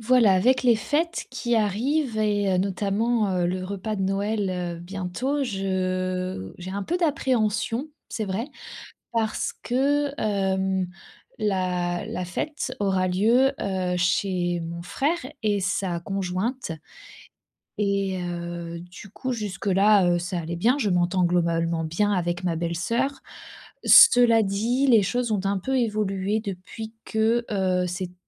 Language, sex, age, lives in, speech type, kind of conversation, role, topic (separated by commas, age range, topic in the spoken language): French, female, 30-34, France, conversation, advice, user, Comment célébrer les fêtes malgré des tensions familiales ?